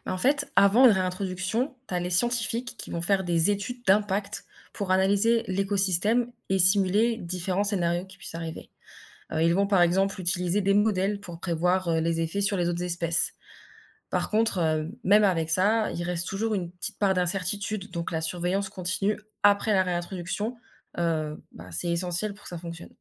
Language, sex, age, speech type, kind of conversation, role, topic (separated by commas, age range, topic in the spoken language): French, female, 20-24, read, expert-assistant, expert, Pourquoi entend-on autant d’avis différents sur l’efficacité des programmes de préservation des animaux sauvages ?